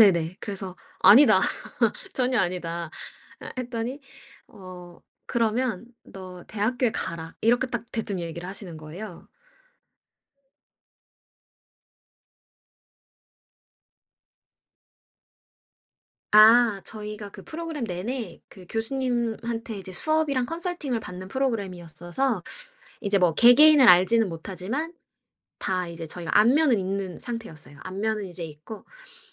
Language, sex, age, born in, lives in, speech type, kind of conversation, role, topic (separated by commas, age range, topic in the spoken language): Korean, female, 25-29, South Korea, United States, conversation, podcast, guest, 인생에 가장 큰 영향을 준 사람은 누구인가요?